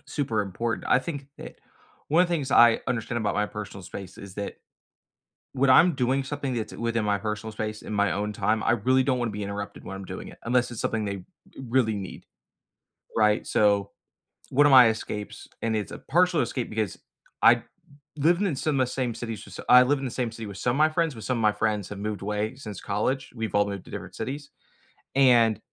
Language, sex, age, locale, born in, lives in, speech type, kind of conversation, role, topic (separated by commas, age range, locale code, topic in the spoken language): English, male, 25-29, en-US, United States, United States, conversation, unstructured, none, How do you balance personal space and togetherness to strengthen your connection?